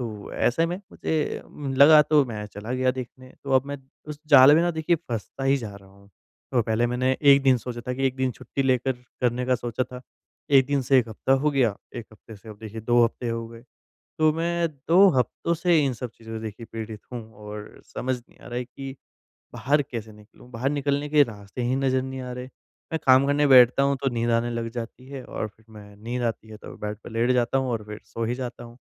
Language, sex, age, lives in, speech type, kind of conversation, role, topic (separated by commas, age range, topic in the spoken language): Hindi, female, 45-49, India, conversation, advice, user, मैं अपने डिजिटल जीवन को कम से कम और व्यवस्थित कैसे कर सकता/सकती हूँ?